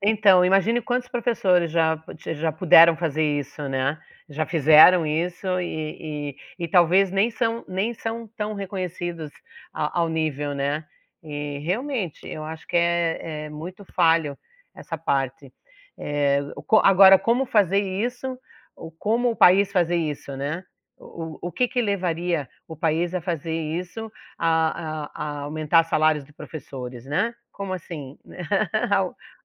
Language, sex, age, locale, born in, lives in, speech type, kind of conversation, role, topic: Portuguese, female, 60-64, pt-BR, Brazil, United States, conversation, unstructured, none, Você acredita que os professores recebem o reconhecimento que merecem?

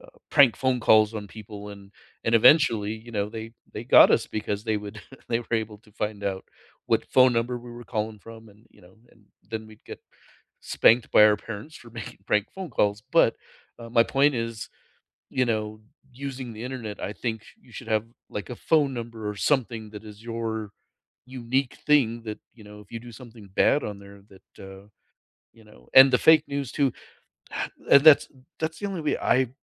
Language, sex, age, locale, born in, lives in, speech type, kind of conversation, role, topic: English, male, 55-59, en-US, United States, United States, conversation, unstructured, none, Should companies be responsible for fake news on their platforms?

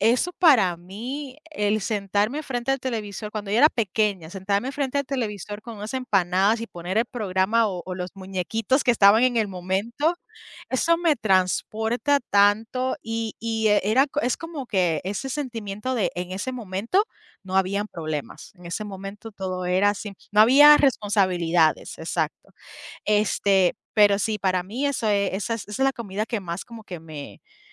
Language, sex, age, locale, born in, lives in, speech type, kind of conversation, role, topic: Spanish, female, 30-34, es-US, Puerto Rico, United States, conversation, unstructured, none, ¿Cuál es tu comida favorita para un día especial?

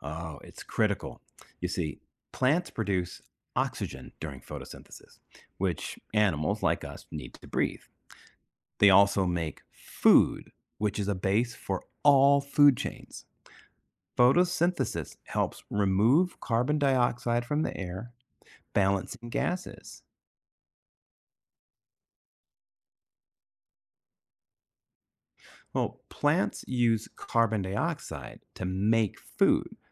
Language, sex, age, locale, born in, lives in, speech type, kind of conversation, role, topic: English, male, 50-54, en-US, United States, United States, read, expert-assistant, expert, What is the process of photosynthesis in plants?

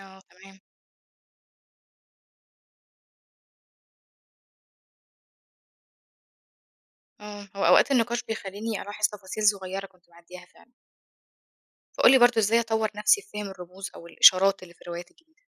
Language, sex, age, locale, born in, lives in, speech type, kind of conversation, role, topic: Arabic, female, 25-29, ar-EG, Egypt, Egypt, read, expert-assistant, asker, إزاي أقدر أطور نفسي في فهم الأدب المعاصر وأختار الكتب اللي توسع رؤيتي؟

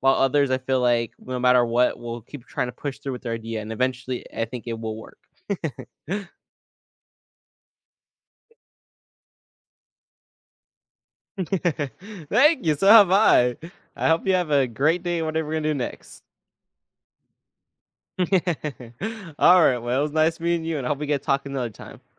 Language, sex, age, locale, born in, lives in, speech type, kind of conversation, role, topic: English, male, 20-24, en-US, United States, United States, conversation, podcast, guest, What are some ways people can nurture and develop their creativity over time?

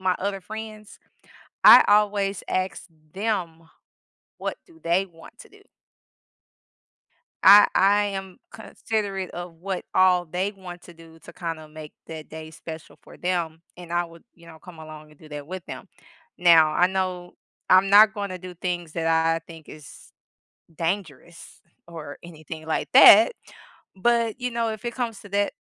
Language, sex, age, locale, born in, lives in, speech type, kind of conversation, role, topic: English, female, 35-39, en-US, United States, United States, conversation, unstructured, none, What makes a celebration meaningful to you when spending time with others?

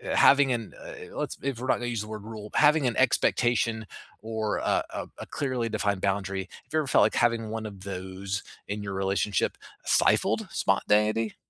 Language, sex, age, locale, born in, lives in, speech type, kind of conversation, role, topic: English, male, 45-49, en-US, United States, United States, conversation, unstructured, none, Do clearly defined relationship rules prevent fights, or do they undermine spontaneity and intimacy?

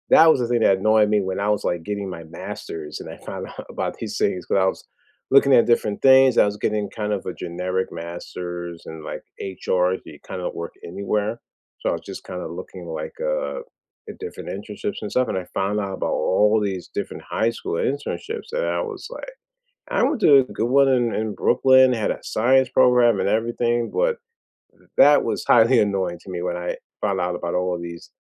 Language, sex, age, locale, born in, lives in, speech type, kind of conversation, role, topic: English, male, 40-44, en-US, United States, United States, conversation, unstructured, none, What was your favorite subject in school then, what is it now, and why?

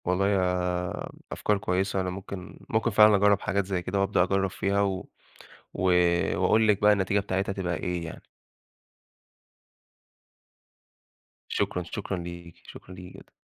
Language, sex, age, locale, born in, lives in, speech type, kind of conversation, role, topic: Arabic, male, 25-29, ar-EG, Egypt, Egypt, conversation, advice, user, ليه مش قادر ألتزم بروتين صباحي ثابت؟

